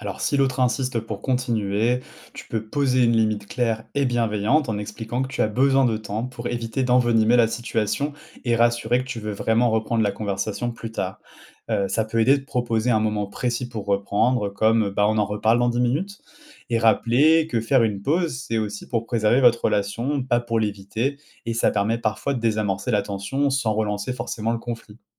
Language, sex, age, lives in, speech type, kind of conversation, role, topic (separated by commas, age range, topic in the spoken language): French, male, 30-34, France, read, expert-assistant, expert, Comment éviter les malentendus quand on parle avec quelqu’un qu’on aime ?